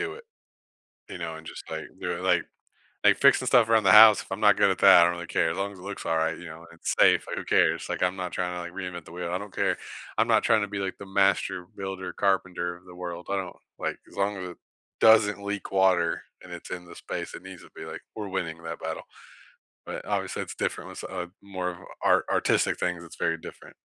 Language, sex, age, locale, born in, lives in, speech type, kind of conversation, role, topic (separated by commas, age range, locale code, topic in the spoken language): English, male, 30-34, en-US, United States, United States, conversation, unstructured, none, How do you actually like to learn new things?